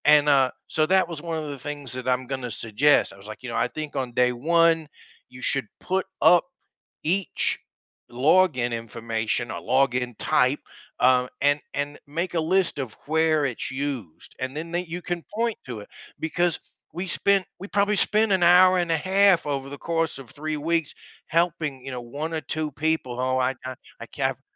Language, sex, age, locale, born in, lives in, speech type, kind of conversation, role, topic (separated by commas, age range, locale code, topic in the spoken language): English, male, 45-49, en-US, United States, United States, conversation, podcast, guest, How do great teachers inspire and connect with their students to make learning meaningful?